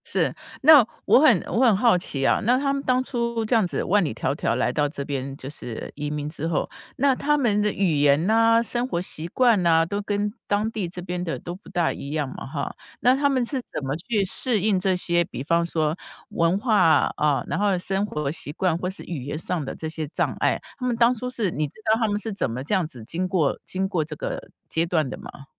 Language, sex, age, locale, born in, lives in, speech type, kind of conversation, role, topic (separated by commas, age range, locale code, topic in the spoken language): Chinese, female, 55-59, zh-TW, Taiwan, United States, conversation, podcast, host, 你如何看待你家族祖辈的搬迁或移民经历？